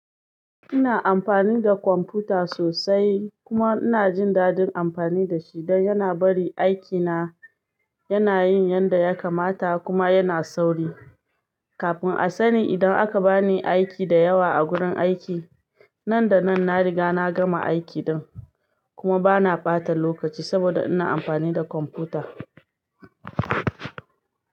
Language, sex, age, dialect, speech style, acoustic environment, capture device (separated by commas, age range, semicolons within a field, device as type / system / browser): Hausa, female, 25-34, Nigeria - Ibadan, happy; excited, silence, desktop / Linux / Chrome